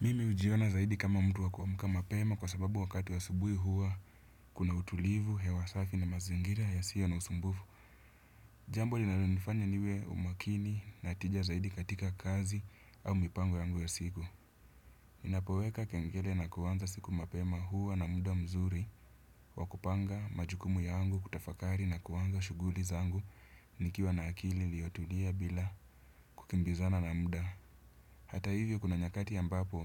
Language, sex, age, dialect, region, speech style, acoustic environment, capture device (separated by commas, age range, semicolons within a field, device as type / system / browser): Swahili, male, 25-34, Kenya - Nairobi Sheng-influenced, Kenya, happy; relaxed; excited; focused, nature; silence, desktop / Windows / Chrome